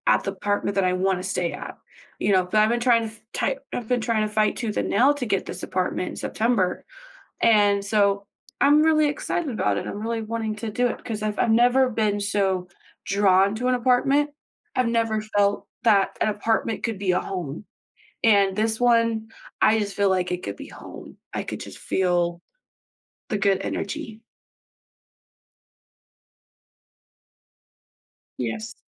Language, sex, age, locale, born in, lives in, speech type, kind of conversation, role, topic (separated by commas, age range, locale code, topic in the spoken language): English, female, 25-29, en-US, United States, United States, conversation, podcast, guest, Can you describe a moment you felt truly proud of yourself?